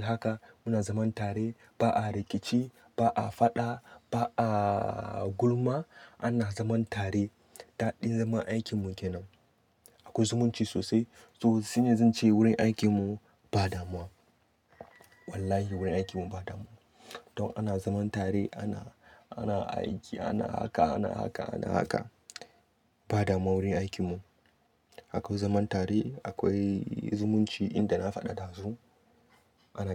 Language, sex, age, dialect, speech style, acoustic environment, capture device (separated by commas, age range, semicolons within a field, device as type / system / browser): Hausa, male, 25-34, Nigeria - Katsina, happy, nature, desktop / Linux / Chrome